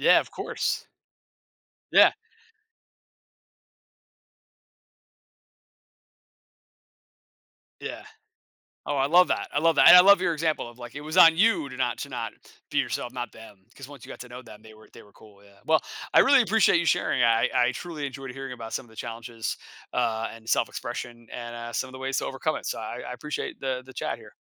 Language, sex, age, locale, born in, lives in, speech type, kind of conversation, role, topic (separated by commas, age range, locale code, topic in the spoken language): English, male, 40-44, en-US, United States, United States, conversation, podcast, host, What makes it difficult for people to share their thoughts and feelings openly?